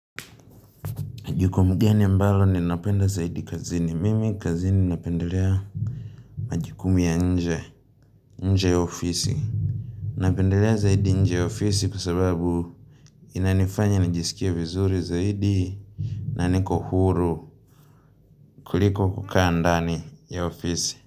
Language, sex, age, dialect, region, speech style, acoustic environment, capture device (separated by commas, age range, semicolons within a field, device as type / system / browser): Swahili, male, 18-24, Tanzania - Dar es Salaam, Tanzania, relaxed, nature, mobile / Linux / Chrome